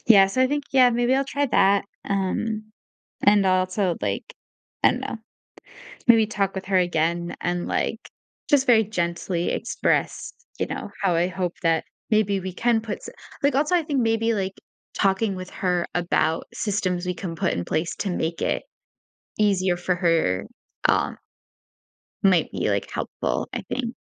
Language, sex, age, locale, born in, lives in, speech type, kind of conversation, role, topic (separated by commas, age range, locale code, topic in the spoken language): English, female, 20-24, en-US, United States, United States, conversation, advice, user, How can I talk to my housemates about uneven chore distribution without causing conflict?